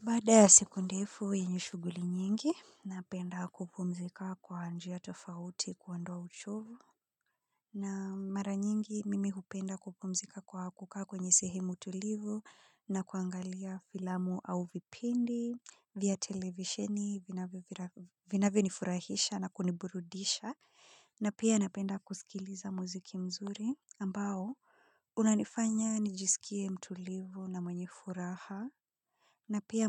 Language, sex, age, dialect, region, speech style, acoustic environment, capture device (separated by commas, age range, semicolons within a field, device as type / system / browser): Swahili, female, 25-34, Kenya - Nairobi Sheng-influenced, Kenya, relaxed; focused, silence; people talking; appliances, mobile / Linux / Chrome